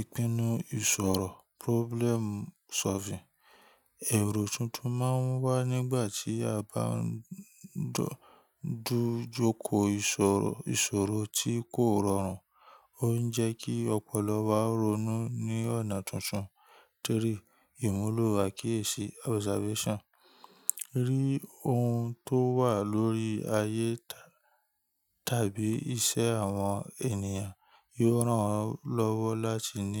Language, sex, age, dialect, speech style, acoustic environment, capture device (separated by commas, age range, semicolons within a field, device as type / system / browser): Yoruba, male, 25-34, Nigeria - Ibadan, relaxed, nature, mobile / Linux / Chrome